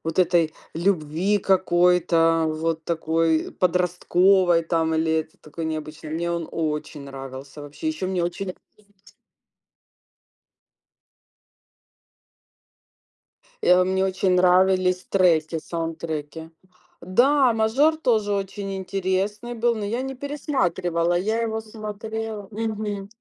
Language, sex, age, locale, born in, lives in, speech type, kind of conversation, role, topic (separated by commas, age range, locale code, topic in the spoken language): Russian, female, 45-49, ru-RU, Ukraine, Spain, conversation, unstructured, none, Какой фильм или сериал ты можешь пересматривать снова и снова?